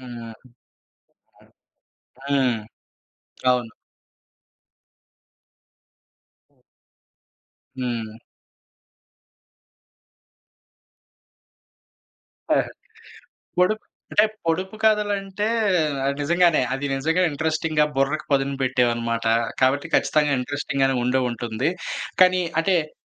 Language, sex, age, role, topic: Telugu, male, 25-29, host, మీరు కుటుంబంతో కలిసి పంచుకునే హాబీ ఏది?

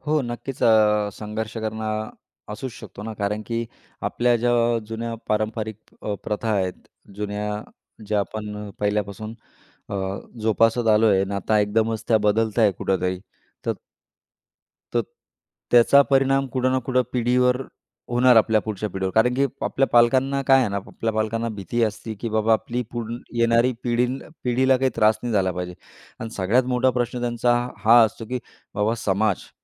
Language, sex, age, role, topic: Marathi, male, 35-39, guest, तुमच्या पालकांच्या आवडींच्या तुलनेत तुमच्या आवडींमध्ये काय बदल झाला आहे?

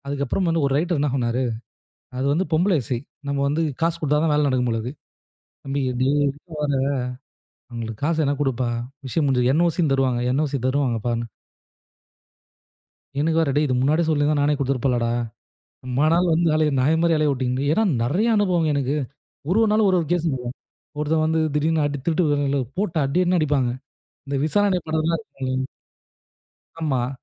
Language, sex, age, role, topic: Tamil, male, 25-29, guest, புதிய நகரத்திற்கு குடியேறிய போது உங்களுக்கு என்ன மாதிரியான உணர்வு ஏற்பட்டது?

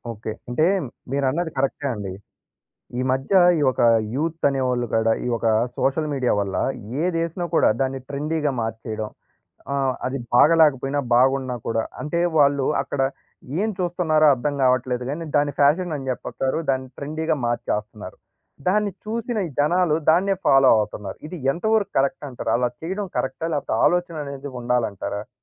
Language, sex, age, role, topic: Telugu, male, 20-24, host, దుస్తుల ద్వారా మన సంస్కృతిని వ్యక్తపరచడాన్ని మీరు ఎలా చూస్తారు?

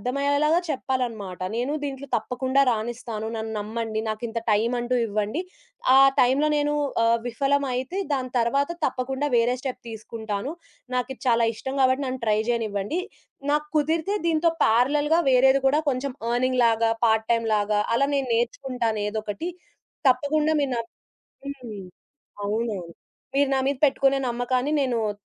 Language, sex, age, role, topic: Telugu, female, 20-24, guest, మంచి మార్గదర్శకుడిలో ఉండాల్సిన లక్షణాలు ఏవని మీకు అనిపిస్తుంది?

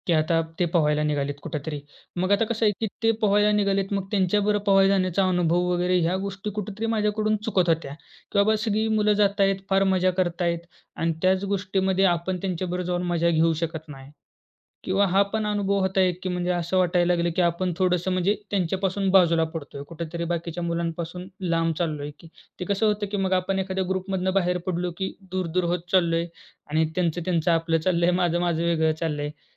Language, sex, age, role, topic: Marathi, male, 20-24, guest, तुम्ही कधी तुमची भीती पार करून पुढे गेलात का?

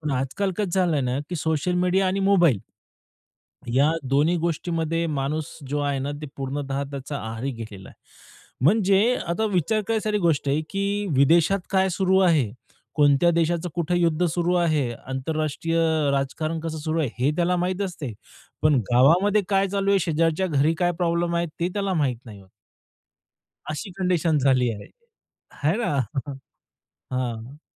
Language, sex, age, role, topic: Marathi, male, 30-34, guest, मोबाईल आणि समाजमाध्यमे आपले लक्ष कसे विचलित करतात?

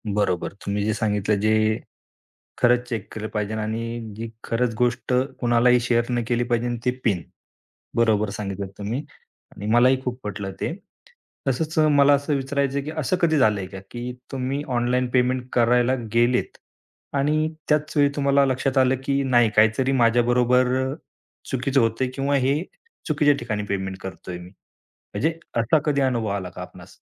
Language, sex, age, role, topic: Marathi, male, 35-39, host, ऑनलाइन पेमेंट्स करताना तुम्ही कोणती काळजी घेता?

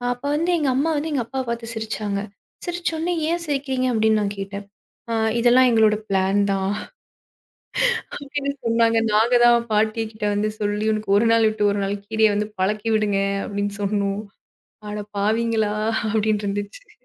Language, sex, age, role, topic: Tamil, female, 25-29, guest, உங்கள் வீட்டில் பாட்டி செய்த சமையலை நினைத்தால் உங்களுக்கு முதலில் எந்தெந்த நினைவுகள் மனதில் வருகின்றன?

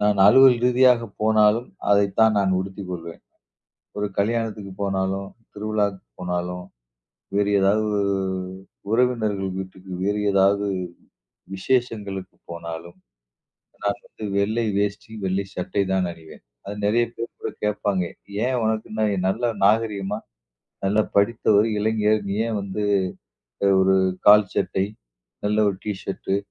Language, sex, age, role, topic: Tamil, male, 40-44, guest, வயதான பிறகு உடைத் தேர்வைப் பற்றி நீங்கள் என்ன கற்றுக்கொண்டீர்கள்?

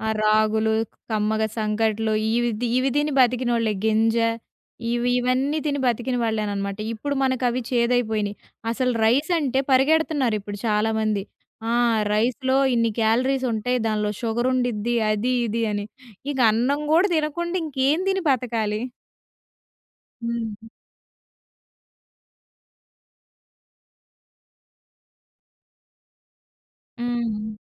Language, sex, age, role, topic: Telugu, female, 20-24, guest, తల్లిదండ్రులు మీ స్టైల్‌ను ఎప్పుడైనా మార్చమని చెప్పారా?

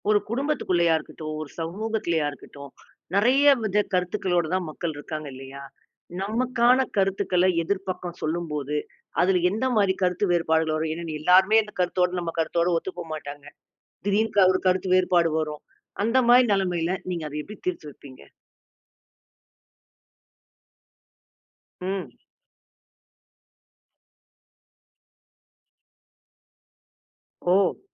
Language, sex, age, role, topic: Tamil, female, 40-44, host, மக்களுடன் கருத்து வேறுபாடு ஏற்பட்டால் அதை நீங்கள் எப்படி தீர்க்கிறீர்கள்?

